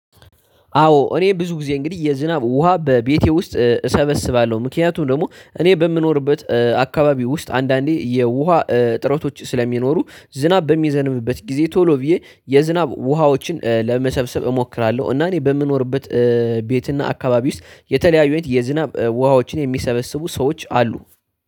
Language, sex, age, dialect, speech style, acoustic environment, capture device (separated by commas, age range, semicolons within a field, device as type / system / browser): Amharic, male, 18-24, Ethiopia - Addis Ababa, tired, nature, desktop / Linux / Chrome